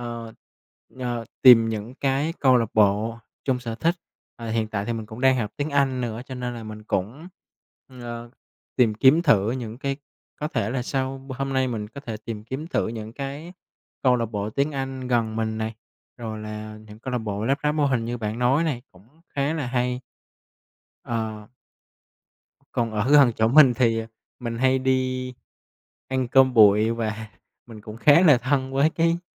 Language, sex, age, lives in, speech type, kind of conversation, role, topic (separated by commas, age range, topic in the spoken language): Vietnamese, male, 25-29, Vietnam, conversation, advice, user, Làm thế nào để xây dựng mạng lưới quan hệ xã hội mới khi tôi đã mất đi những mối quan hệ bạn bè cũ?